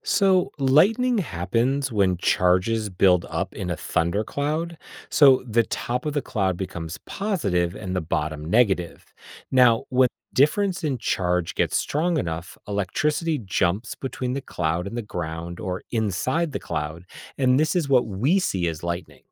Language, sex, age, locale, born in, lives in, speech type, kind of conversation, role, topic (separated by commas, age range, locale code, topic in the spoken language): English, male, 45-49, en-US, United States, United States, read, expert-assistant, expert, What causes lightning during thunderstorms?